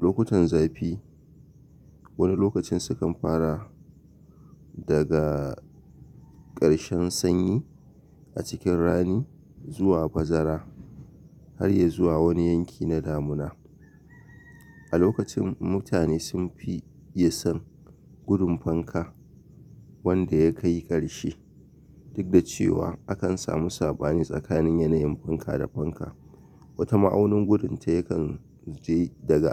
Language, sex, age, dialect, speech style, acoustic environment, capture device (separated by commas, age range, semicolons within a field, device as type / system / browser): Hausa, male, 25-34, Nigeria - Kano, relaxed, silence, mobile / Linux / Chrome